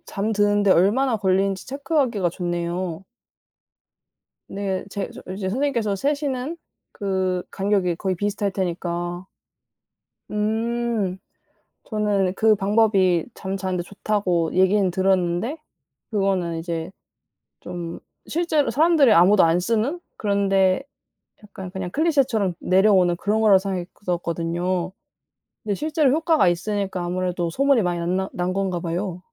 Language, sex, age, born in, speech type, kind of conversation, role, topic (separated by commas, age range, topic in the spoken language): Korean, female, 20-24, South Korea, conversation, unstructured, none, 건강을 위해 가장 필요한 습관은 무엇이라고 생각하나요?